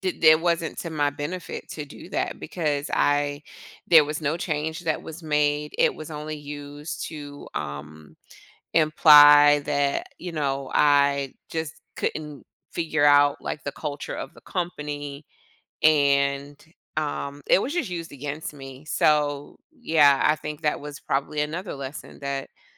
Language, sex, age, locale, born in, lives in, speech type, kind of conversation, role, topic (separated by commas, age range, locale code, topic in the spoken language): English, female, 45-49, en-US, United States, United States, conversation, podcast, guest, How has experiencing failure shaped your personal growth or perspective?